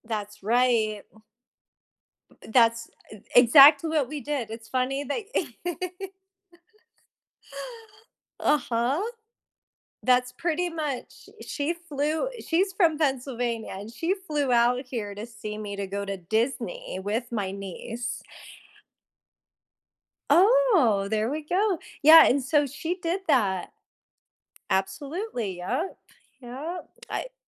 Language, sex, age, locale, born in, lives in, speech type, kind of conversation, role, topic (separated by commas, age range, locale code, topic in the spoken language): English, female, 35-39, en-US, United States, United States, conversation, unstructured, none, What helps siblings build strong and lasting connections over time?